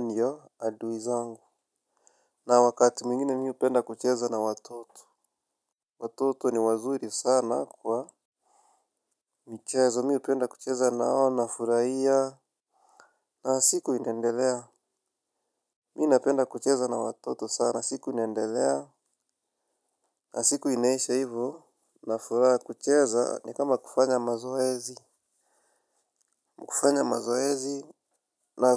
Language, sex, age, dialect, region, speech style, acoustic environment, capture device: Swahili, male, 25-34, Kenya - Nairobi Sheng-influenced, Kenya, happy, nature, mobile / Linux / Chrome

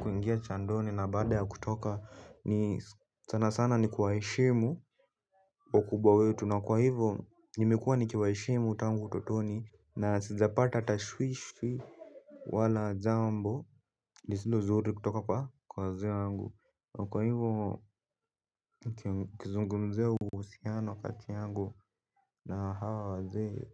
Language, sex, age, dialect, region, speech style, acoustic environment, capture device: Swahili, male, 18-24, Kenya - Nairobi Sheng-influenced, Kenya, anxious; relaxed, appliances; music; people talking, mobile / Linux / Chrome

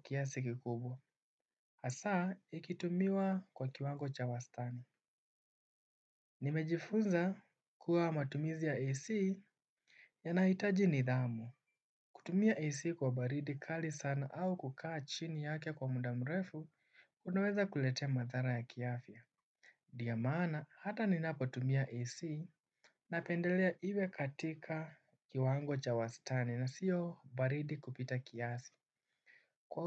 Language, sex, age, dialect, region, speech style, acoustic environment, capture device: Swahili, male, 18-24, Kenya - Nairobi Sheng-influenced, Kenya, focused, nature, desktop / Windows / Chrome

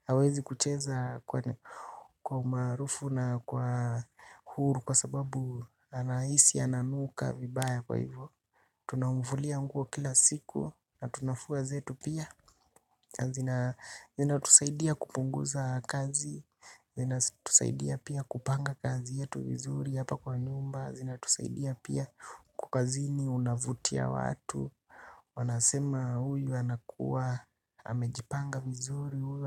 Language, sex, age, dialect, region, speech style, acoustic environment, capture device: Swahili, male, 25-34, Kenya - Nairobi Sheng-influenced, Kenya, relaxed; happy; excited; focused, silence, desktop / Linux / Chrome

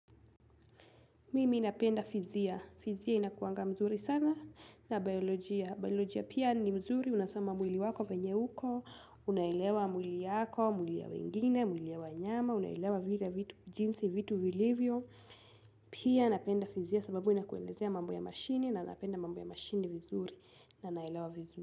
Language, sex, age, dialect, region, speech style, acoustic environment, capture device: Swahili, female, 18-24, Kenya - Kiambu, Kenya, anxious, nature, mobile / Linux / Chrome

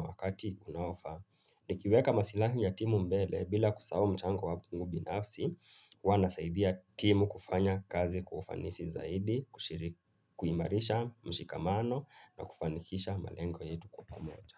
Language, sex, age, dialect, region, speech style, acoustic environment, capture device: Swahili, male, 25-34, Kenya - Nairobi Sheng-influenced, Kenya, relaxed; focused, nature; appliances, mobile / Linux / Chrome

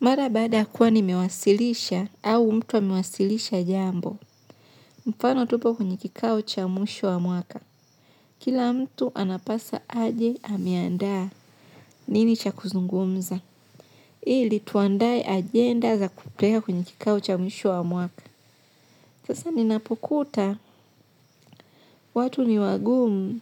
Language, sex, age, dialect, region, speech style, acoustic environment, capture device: Swahili, female, 25-34, Tanzania - Dar es Salaam, Tanzania, relaxed, nature; silence, mobile / Linux / Chrome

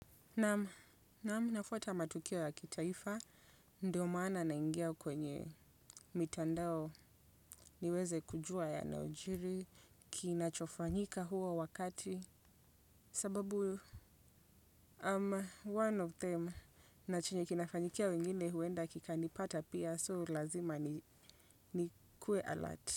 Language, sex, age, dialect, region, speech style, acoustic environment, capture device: Swahili, female, 25-34, Kenya - Nairobi Sheng-influenced, Kenya, relaxed; focused, silence, desktop / Windows / Chrome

